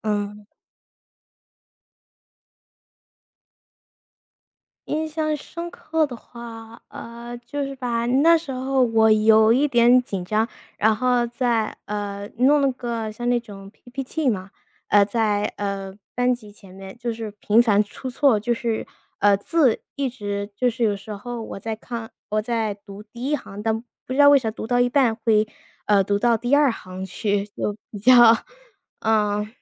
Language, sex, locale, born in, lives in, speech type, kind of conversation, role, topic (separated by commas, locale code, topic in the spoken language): Chinese, female, zh-CN, United States, United States, conversation, unstructured, none, 课堂上哪个活动让你印象最深刻？